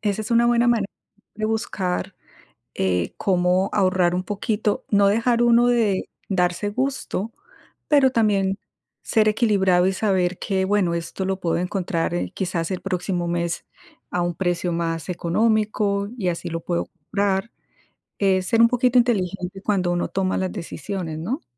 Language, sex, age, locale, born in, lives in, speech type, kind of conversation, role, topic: Spanish, female, 50-54, es-CO, Colombia, United States, conversation, unstructured, none, ¿Cómo decides cuándo gastar o ahorrar dinero?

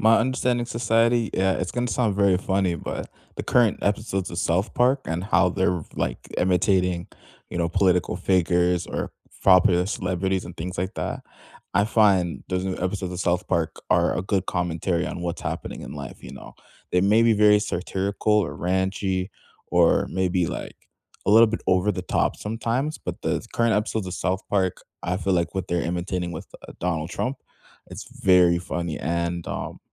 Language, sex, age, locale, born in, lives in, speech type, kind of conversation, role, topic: English, male, 20-24, en-CA, Canada, Canada, conversation, unstructured, none, What show, book, or podcast are you loving right now, and why should I try it?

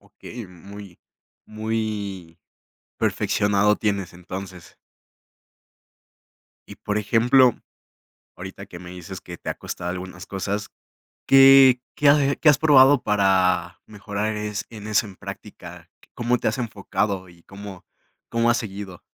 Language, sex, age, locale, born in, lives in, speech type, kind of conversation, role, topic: Spanish, male, 20-24, es-MX, Mexico, Mexico, conversation, podcast, host, ¿Cómo te mantienes motivado cuando un pasatiempo nuevo se pone difícil?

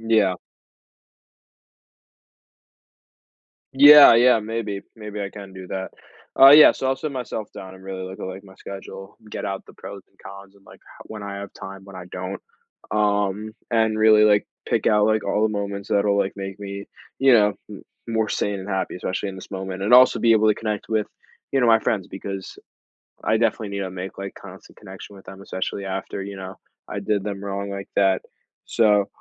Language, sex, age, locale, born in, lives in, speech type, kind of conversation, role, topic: English, male, 18-19, en-US, United States, United States, conversation, advice, user, How can I assert myself after failing to defend someone?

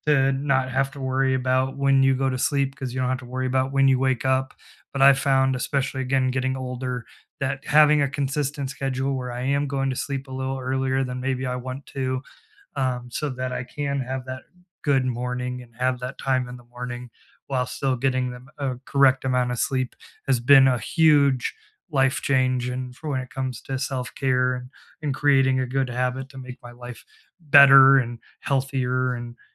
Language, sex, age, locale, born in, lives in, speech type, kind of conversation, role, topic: English, male, 30-34, en-US, United States, United States, conversation, unstructured, none, What simple self-care habits do you actually keep doing?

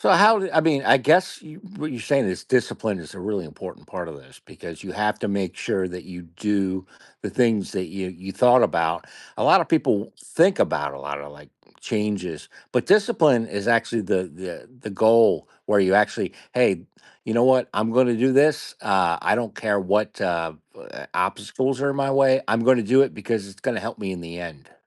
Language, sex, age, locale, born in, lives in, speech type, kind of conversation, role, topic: English, male, 50-54, en-US, United States, United States, conversation, podcast, host, What helps you create healthy boundaries between your work and personal life at home?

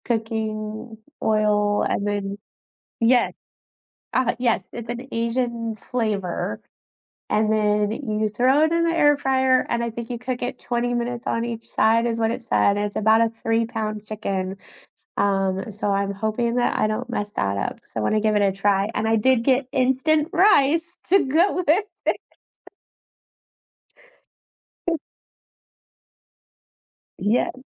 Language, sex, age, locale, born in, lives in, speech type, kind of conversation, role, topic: English, female, 50-54, en-US, United States, United States, conversation, unstructured, none, What is a common cooking mistake people often don’t realize they’re making?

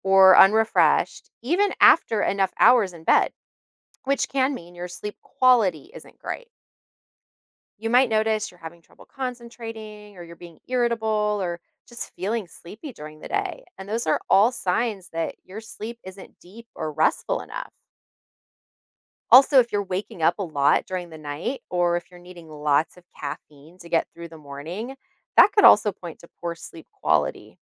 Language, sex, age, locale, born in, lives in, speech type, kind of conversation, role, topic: English, female, 40-44, en-US, United States, United States, read, expert-assistant, expert, Why is it important to get enough sleep each night?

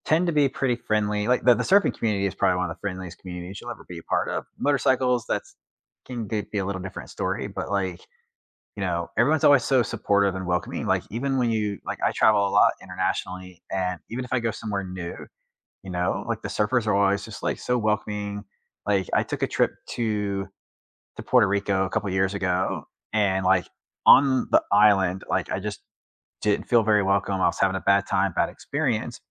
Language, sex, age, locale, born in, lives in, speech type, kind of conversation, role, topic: English, male, 40-44, en-US, United States, United States, conversation, unstructured, none, Which hobbies help you build friendships and feel part of a community?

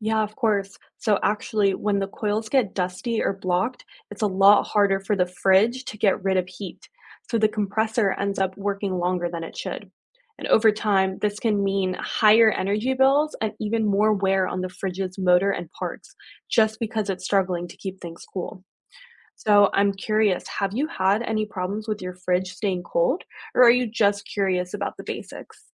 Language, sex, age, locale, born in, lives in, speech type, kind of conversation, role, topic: English, female, 25-29, en-US, United States, United States, read, expert-assistant, expert, How does a refrigerator keep food cold and preserve food safely?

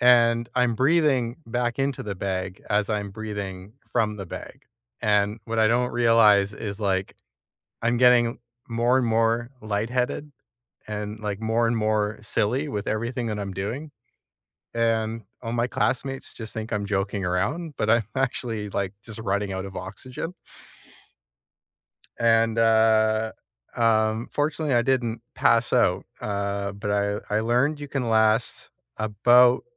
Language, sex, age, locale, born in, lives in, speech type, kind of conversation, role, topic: English, male, 35-39, en-CA, Canada, Canada, conversation, unstructured, none, What is a school memory that still makes you smile today, and what makes it special to you?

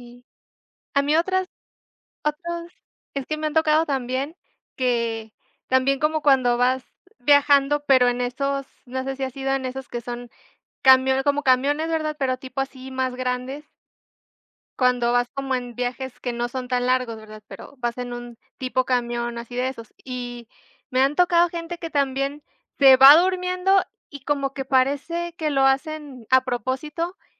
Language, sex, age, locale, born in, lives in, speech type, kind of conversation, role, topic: Spanish, female, 25-29, es-MX, Mexico, Mexico, conversation, unstructured, none, ¿Te parece que los viajeros a veces son demasiado exigentes o groseros?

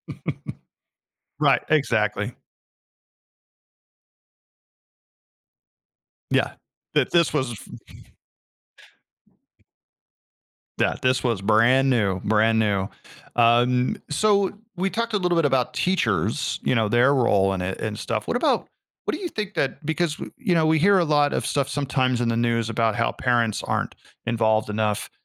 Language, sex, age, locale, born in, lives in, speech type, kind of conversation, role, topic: English, male, 40-44, en-US, United States, United States, conversation, podcast, host, What helps students develop a lasting interest in learning?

